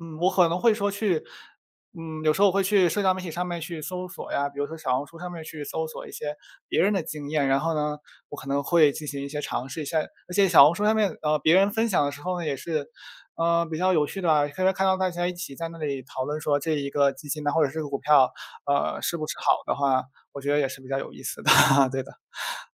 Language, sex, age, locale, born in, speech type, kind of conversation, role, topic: Chinese, male, 20-24, zh-CN, China, conversation, unstructured, none, 你觉得理财和消费应该如何平衡？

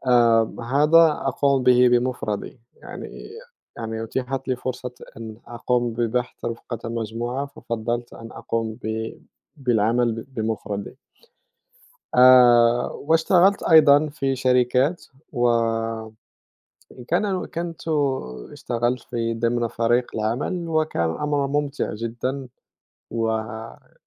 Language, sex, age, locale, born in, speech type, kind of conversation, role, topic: Arabic, male, 30-34, ar-MA, Morocco, conversation, unstructured, none, إنت بتفضّل تشتغل في فريق ولا لوحدك؟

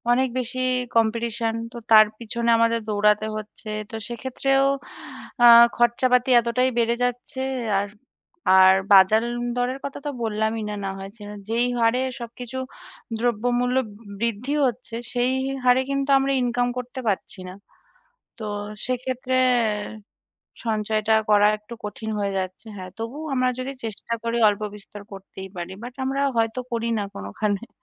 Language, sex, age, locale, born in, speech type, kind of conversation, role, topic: Bengali, female, 30-34, bn-IN, India, conversation, unstructured, none, আজকাল টাকা সঞ্চয় করা এত কঠিন মনে হয় কেন?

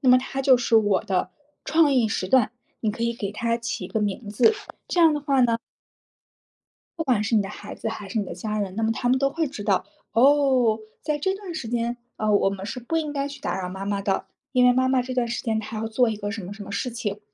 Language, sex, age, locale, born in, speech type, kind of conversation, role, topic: Chinese, female, 30-34, zh-CN, China, conversation, advice, advisor, 工作和家庭挤占创意时间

